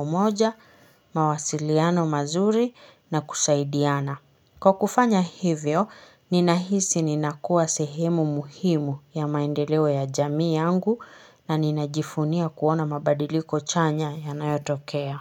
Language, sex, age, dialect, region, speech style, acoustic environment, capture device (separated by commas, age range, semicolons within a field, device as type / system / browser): Swahili, female, 25-34, Kenya - Nairobi Sheng-influenced, Kenya, happy, nature, mobile / Linux / Chrome